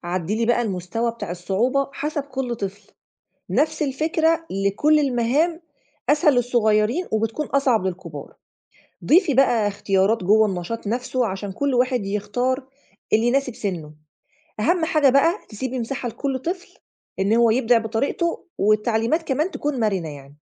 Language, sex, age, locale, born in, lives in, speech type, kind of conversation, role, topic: Arabic, female, 35-39, ar-EG, Egypt, Egypt, read, expert-assistant, expert, لو عايز أطور مناهج تعليمية للأطفال في مصر، أبدأ أدور على أفكار جديدة فين أو أتابع مين؟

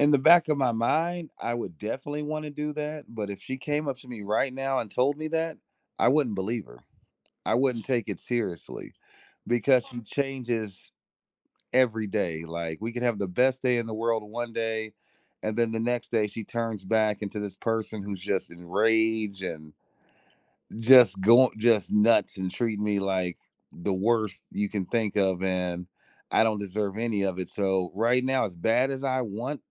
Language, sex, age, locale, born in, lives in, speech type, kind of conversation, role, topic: English, male, 40-44, en-US, United States, United States, conversation, advice, user, How can I cope and rebuild my life after a recent breakup or divorce?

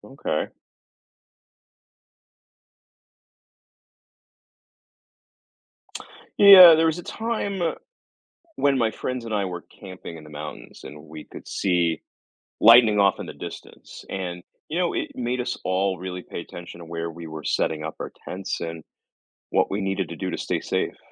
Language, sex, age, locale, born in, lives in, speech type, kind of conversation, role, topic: English, male, 40-44, en-US, United States, United States, read, expert-assistant, asker, What should you know about lightning and staying safe during thunderstorms?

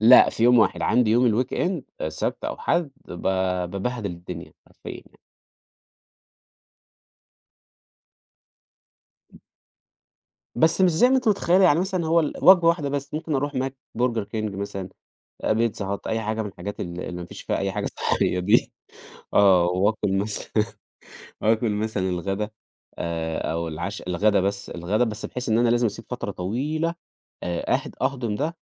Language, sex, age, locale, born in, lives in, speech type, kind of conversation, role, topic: Arabic, male, 30-34, ar-EG, Egypt, Portugal, conversation, podcast, guest, إيه روتين الصبح اللي بيخلي يومك متوازن؟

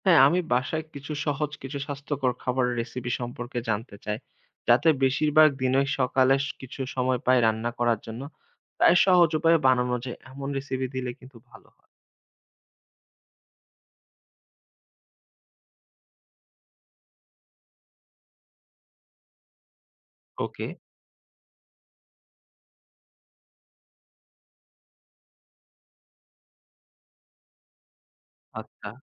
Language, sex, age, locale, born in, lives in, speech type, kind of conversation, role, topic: Bengali, male, 25-29, bn-BD, Bangladesh, Finland, read, expert-assistant, asker, স্বাস্থ্যকর খাদ্যাভ্যাস গঠনের উপায়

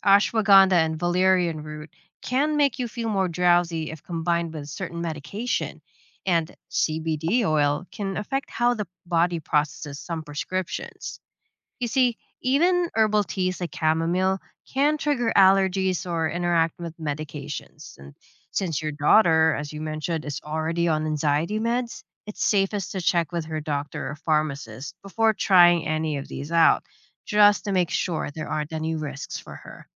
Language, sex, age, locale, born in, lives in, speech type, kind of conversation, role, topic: English, female, 30-34, en-US, Philippines, United States, read, expert-assistant, expert, How can I tell whether an online mental health article is credible?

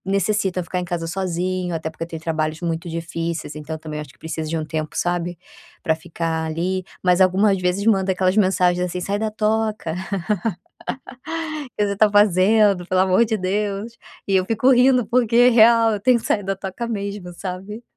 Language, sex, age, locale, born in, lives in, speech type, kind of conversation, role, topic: Portuguese, female, 30-34, pt-BR, Brazil, Spain, conversation, advice, user, Como lidar com a culpa por passar tempo em lazer em casa?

